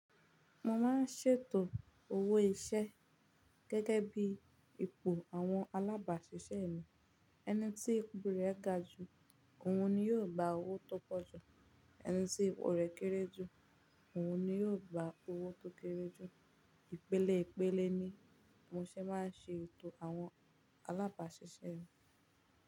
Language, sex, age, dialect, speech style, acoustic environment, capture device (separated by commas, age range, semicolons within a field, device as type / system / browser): Yoruba, female, 18-24, Nigeria - Ibadan, focused; relaxed, nature; silence, mobile / Linux / Chrome